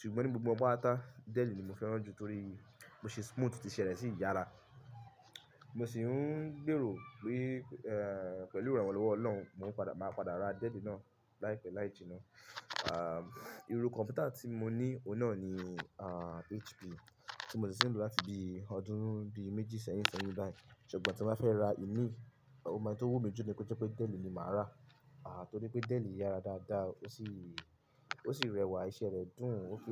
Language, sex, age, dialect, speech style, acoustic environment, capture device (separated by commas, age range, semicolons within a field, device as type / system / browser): Yoruba, male, 25-34, Nigeria - Ibadan, focused, music; people talking, mobile / Linux / Chrome